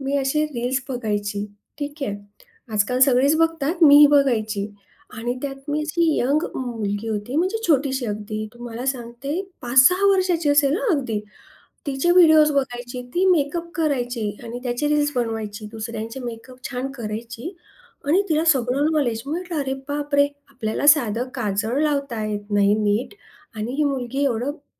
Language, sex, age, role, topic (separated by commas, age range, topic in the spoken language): Marathi, female, 40-44, guest, करिअर बदलताना मानसिक ताण तुम्ही कसा हाताळता?